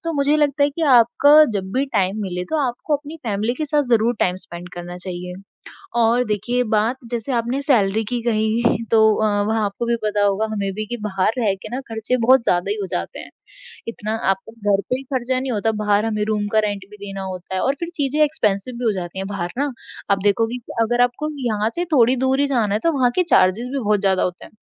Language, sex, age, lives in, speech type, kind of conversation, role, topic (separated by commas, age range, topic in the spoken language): Hindi, female, 20-24, India, conversation, podcast, guest, Aap career aur parivaar ke beech santulan ka faisla kaise karte ho?